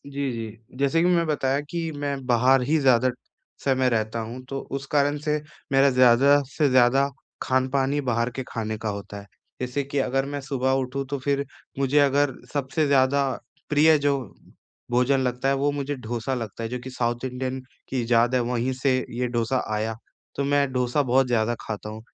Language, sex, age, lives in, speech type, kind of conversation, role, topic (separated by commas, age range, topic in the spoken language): Hindi, male, 20-24, India, conversation, advice, user, मैं प्रसंस्कृत भोजन की मात्रा कैसे घटा सकता/सकती हूँ?